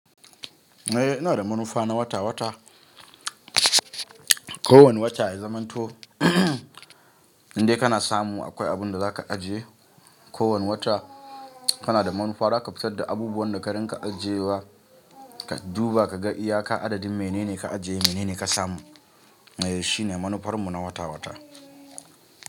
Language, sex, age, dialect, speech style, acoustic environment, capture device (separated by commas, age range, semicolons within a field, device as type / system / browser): Hausa, male, 25-34, Nigeria - Kano, relaxed, nature, mobile / Linux / Chrome